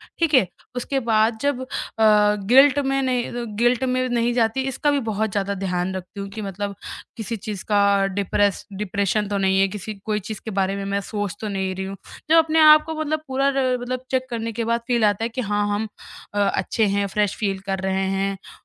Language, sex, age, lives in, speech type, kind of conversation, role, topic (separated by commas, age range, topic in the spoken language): Hindi, female, 20-24, India, conversation, podcast, guest, Off day ke baad tum kaise wapas momentum laate ho?